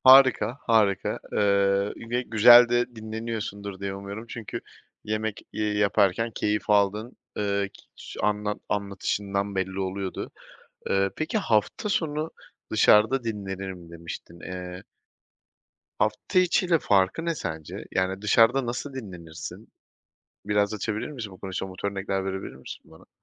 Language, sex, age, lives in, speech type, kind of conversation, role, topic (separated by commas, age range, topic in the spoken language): Turkish, male, 30-34, Poland, conversation, podcast, host, Akşam eve geldiğinde kendini nasıl dinlendirirsin?